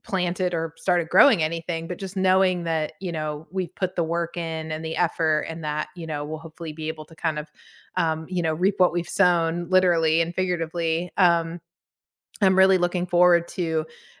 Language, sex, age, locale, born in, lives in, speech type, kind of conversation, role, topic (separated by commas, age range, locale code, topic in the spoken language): English, female, 40-44, en-US, United States, United States, conversation, unstructured, none, What hobby have you picked up recently, and why has it stuck?